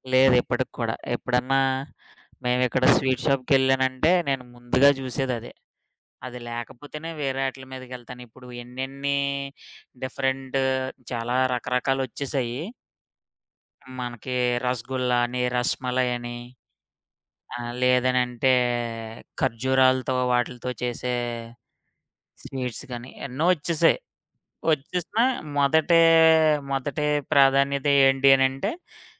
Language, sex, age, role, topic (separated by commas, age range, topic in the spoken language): Telugu, male, 30-34, guest, మీ చిన్నప్పటిలో మీకు అత్యంత ఇష్టమైన ఆహారం ఏది?